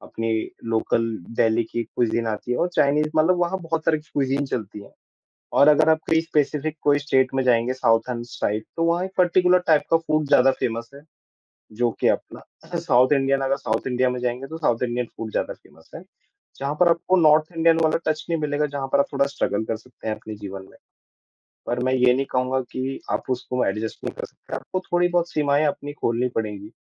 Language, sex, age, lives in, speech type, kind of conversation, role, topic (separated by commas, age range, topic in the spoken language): Hindi, male, 25-29, India, conversation, unstructured, none, आपके हिसाब से एक अच्छा समाज कैसा होना चाहिए?